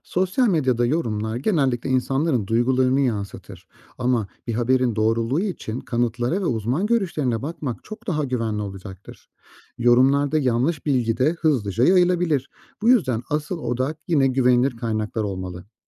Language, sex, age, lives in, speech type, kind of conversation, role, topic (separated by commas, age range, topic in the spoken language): Turkish, male, 35-39, Austria, read, expert-assistant, expert, Gıdalarla ilgili çıkan haberlerin güvenilir olup olmadığını nasıl anlarız?